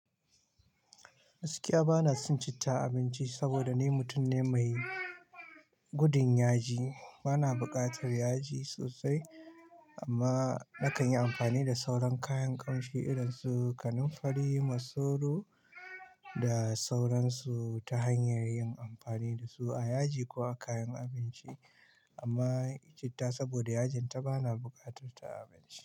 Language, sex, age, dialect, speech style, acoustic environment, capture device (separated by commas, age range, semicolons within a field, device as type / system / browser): Hausa, male, 25-34, Nigeria - Kano, happy, silence, mobile / Linux / Chrome